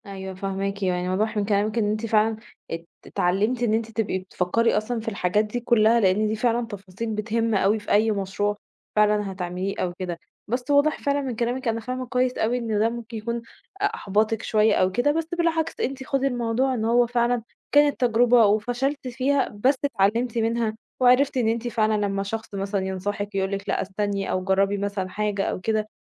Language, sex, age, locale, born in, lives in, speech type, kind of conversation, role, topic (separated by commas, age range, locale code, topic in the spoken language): Arabic, female, 30-34, ar-EG, Egypt, Egypt, conversation, advice, advisor, إزاي أبطل أضيّع فرص نجاحي بسبب الشك الزيادة والانسحاب؟